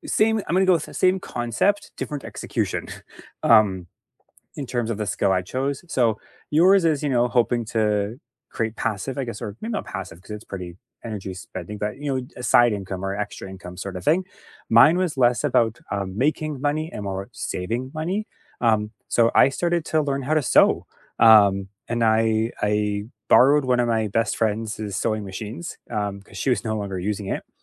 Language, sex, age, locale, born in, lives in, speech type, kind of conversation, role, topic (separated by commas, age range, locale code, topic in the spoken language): English, male, 35-39, en-CA, Canada, Canada, conversation, unstructured, none, How do you decide which skill is worth learning?